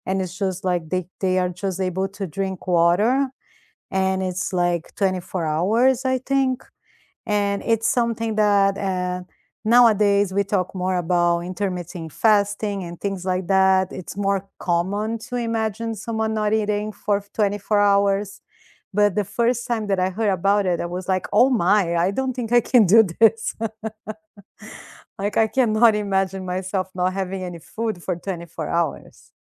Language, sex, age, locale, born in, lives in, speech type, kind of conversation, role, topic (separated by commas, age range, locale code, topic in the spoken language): English, female, 45-49, en-BR, Brazil, United States, conversation, unstructured, none, How do different cultures celebrate important life events?